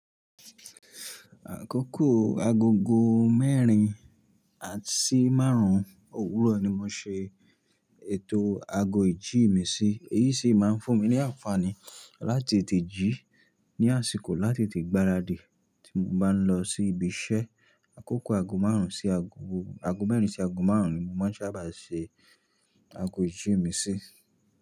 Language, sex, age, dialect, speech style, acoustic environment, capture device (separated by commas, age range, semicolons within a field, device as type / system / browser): Yoruba, male, 25-34, Nigeria - Nigerian Standard, happy; focused; excited; relaxed, nature, mobile / Linux / Chrome